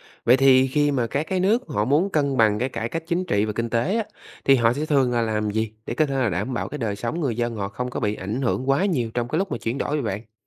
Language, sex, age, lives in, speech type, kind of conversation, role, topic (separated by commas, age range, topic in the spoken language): Vietnamese, male, 25-29, Vietnam, read, expert-assistant, asker, Có cách nào đơn giản để so sánh chính trị giữa các nước mà không bị rối không?